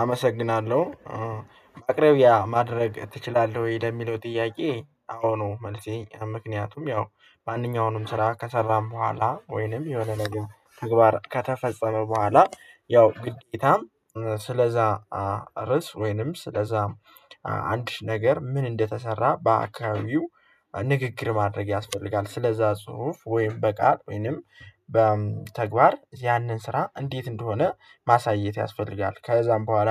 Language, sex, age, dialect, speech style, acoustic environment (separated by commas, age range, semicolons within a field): Amharic, male, 18-24, Ethiopia - Gojjam, happy, silence